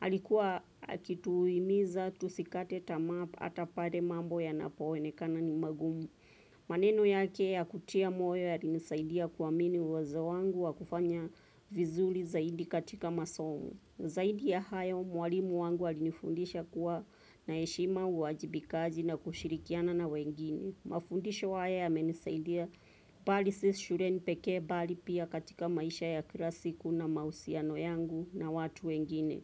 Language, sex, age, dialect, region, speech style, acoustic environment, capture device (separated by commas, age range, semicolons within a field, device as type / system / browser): Swahili, female, 25-34, Kenya - Nairobi Sheng-influenced, Kenya, relaxed; focused, traffic; nature, desktop / Windows / Chrome